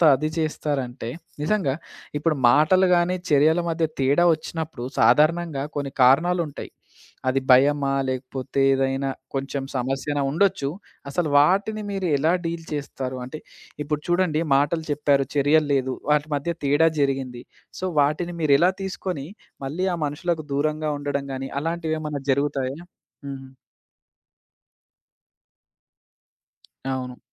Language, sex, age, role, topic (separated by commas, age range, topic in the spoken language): Telugu, male, 25-29, host, మీరు చెప్పేది మరియు మీరు చేసే పని రెండూ ఎలా సరిపోతాయి?